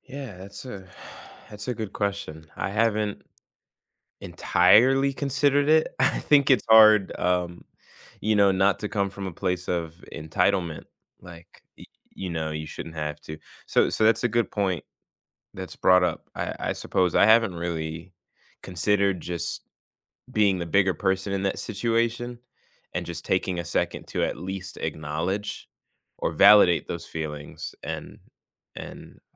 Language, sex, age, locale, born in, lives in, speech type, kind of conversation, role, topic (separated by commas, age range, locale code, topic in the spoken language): English, male, 20-24, en-US, United States, United States, conversation, advice, user, How can I talk through a recent disagreement with my partner and reach an understanding?